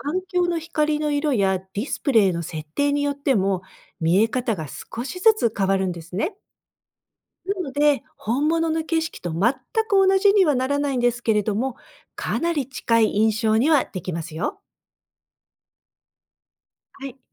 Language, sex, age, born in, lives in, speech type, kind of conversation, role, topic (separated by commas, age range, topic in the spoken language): Japanese, female, 55-59, Japan, United States, read, expert-assistant, expert, なぜカメラで写真が撮れるのか？